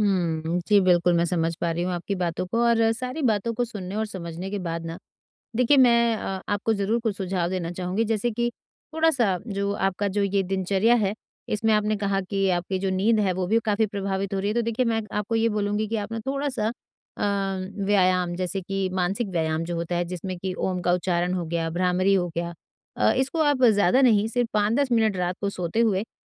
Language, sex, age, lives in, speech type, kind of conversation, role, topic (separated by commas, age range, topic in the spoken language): Hindi, female, 40-44, India, conversation, advice, advisor, अगर मेरी ऊर्जा या स्वास्थ्य में गिरावट आ रही हो, तो मुझे क्या करना चाहिए?